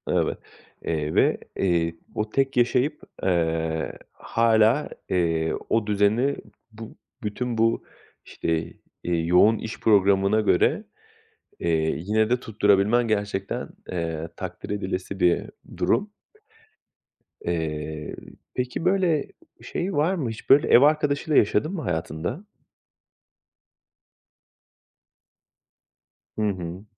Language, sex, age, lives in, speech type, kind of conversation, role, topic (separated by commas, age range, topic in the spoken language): Turkish, male, 35-39, Poland, conversation, podcast, host, Yoğun iş dönemlerinde ev işleriyle nasıl başa çıkıyorsunuz?